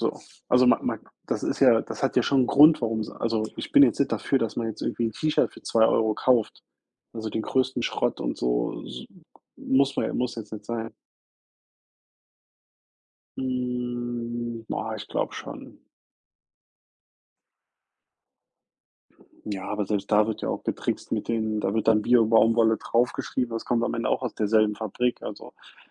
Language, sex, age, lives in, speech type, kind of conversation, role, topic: German, male, 30-34, United States, conversation, unstructured, none, Findest du, dass Unternehmen mehr Verantwortung für die Natur übernehmen sollten?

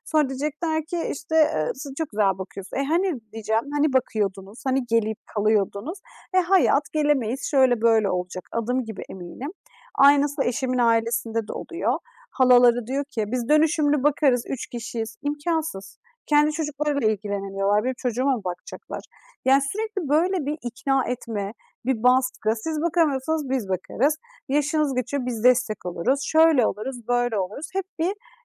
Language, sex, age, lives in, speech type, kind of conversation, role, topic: Turkish, female, 30-34, Estonia, conversation, advice, user, Yakın çevrenden evlenme ve çocuk sahibi olma konusunda nasıl bir baskı görüyorsun?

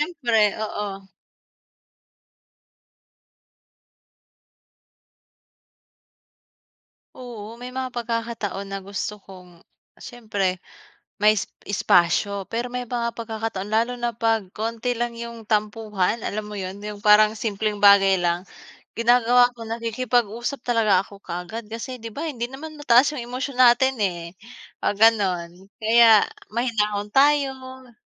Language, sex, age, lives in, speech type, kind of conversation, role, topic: Filipino, female, 25-29, Philippines, conversation, unstructured, none, Ano ang ginagawa mo kapag nagkakatampuhan kayo ng kaibigan mo?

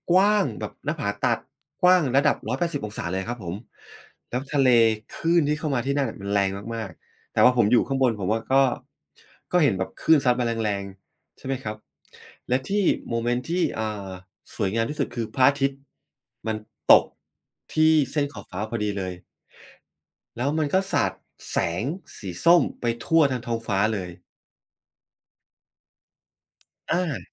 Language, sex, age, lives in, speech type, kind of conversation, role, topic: Thai, male, 45-49, Thailand, conversation, podcast, guest, เล่าทริปที่คุณยังจำไม่ลืมให้ฟังหน่อยได้ไหม?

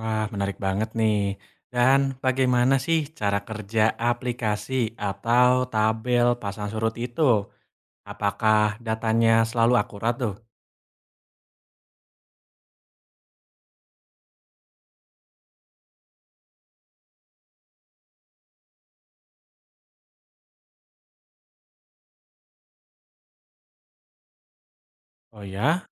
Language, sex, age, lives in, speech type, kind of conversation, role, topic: Indonesian, male, 20-24, Indonesia, read, expert-assistant, asker, Apa yang menyebabkan terjadinya pasang surut air laut?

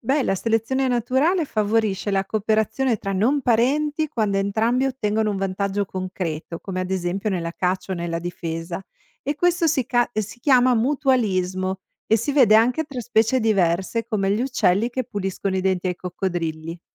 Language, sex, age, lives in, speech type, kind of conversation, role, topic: Italian, female, 45-49, United States, read, expert-assistant, expert, Cosa significa davvero 'selezione naturale' e quali sono i suoi meccanismi fondamentali?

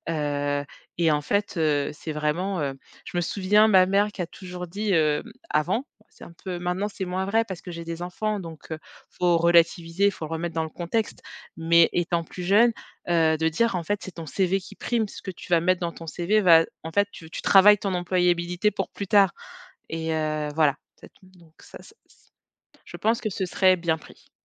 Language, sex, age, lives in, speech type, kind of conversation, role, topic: French, female, 35-39, France, conversation, podcast, guest, Quelle place ta famille accorde-t-elle à l’équilibre entre le travail et la vie personnelle ?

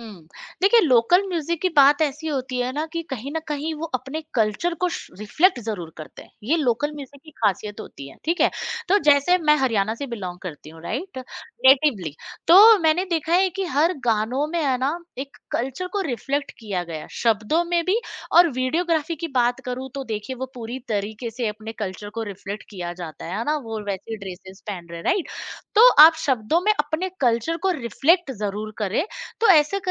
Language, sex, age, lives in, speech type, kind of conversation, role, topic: Hindi, female, 35-39, India, conversation, podcast, guest, Social media ne tumhare liye local music ko kaise badal diya?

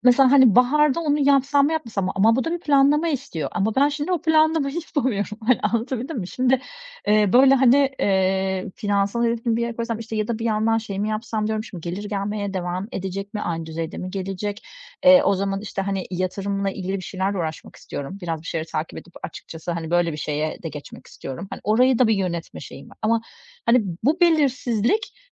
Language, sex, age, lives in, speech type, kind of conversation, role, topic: Turkish, female, 40-44, Greece, conversation, advice, user, Belirsizlikle daha iyi nasıl başa çıkabilirim?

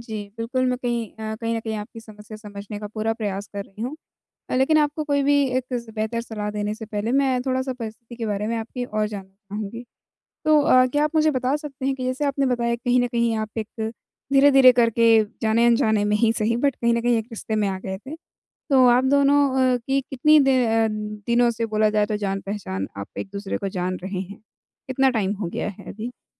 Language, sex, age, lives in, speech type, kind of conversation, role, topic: Hindi, female, 20-24, India, conversation, advice, advisor, मैं अपने नए रिश्ते में भरोसा और विश्वास कैसे बना सकता/सकती हूँ?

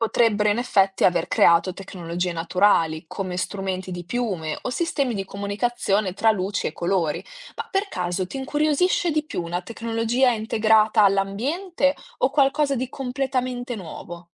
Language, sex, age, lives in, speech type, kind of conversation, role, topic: Italian, female, 20-24, Italy, read, expert-assistant, expert, E se l’estinzione dei dinosauri non fosse mai avvenuta? Come sarebbe evoluta la vita sulla Terra e quale posto avrebbero gli esseri umani (se esistessero)?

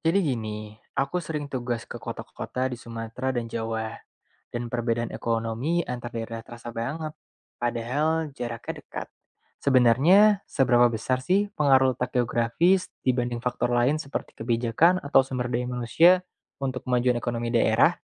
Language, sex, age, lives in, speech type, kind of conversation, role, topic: Indonesian, male, 25-29, Indonesia, read, expert-assistant, asker, Ada anggapan bahwa letak geografis menentukan kemajuan ekonomi suatu daerah. Sebenarnya, seberapa besar pengaruh lokasi dibanding faktor lainnya?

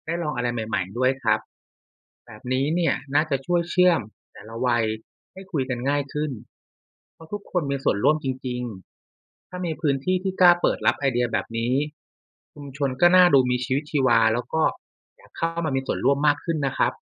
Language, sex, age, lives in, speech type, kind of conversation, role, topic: Thai, male, 35-39, Thailand, read, expert-assistant, asker, ถ้าอยากให้คนแปลกหน้าคุยกันมากขึ้นในเมืองใหญ่ เราจะใส่ไอเดียอะไรลงไปในสวนสาธารณะดี?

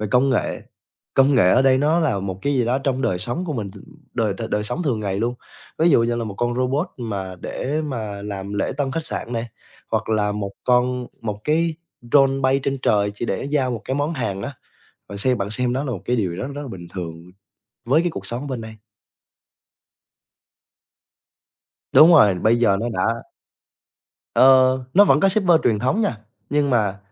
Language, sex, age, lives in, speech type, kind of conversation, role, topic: Vietnamese, male, 20-24, Vietnam, conversation, podcast, guest, Chuyến đi nào đã thay đổi bạn nhiều nhất?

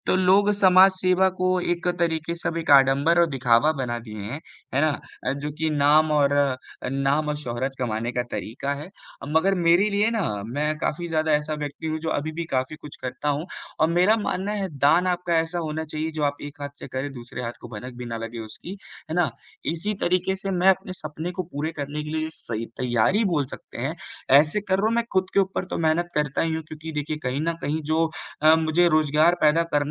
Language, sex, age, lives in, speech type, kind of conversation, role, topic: Hindi, male, 18-19, India, conversation, unstructured, none, आपके भविष्य के सबसे बड़े सपने क्या हैं?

